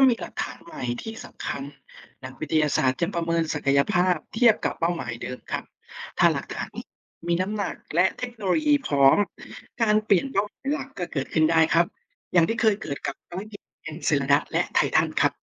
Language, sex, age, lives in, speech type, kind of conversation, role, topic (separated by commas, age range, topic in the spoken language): Thai, male, 30-34, Thailand, read, expert-assistant, expert, ถ้าต้องจัดลำดับดาวเคราะห์หรือดวงจันทร์ที่มีศักยภาพจะพบชีวิตมากที่สุดในระบบสุริยะของเรา คุณคิดว่าควรให้ความสำคัญกับที่ไหนก่อน และเพราะอะไร?